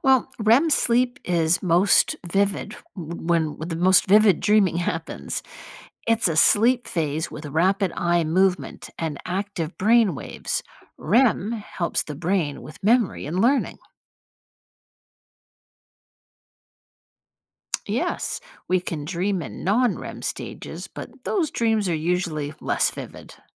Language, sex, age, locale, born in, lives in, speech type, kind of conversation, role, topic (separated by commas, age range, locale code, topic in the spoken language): English, female, 55-59, en-US, United States, United States, read, expert-assistant, expert, Why do we dream, according to sleep research?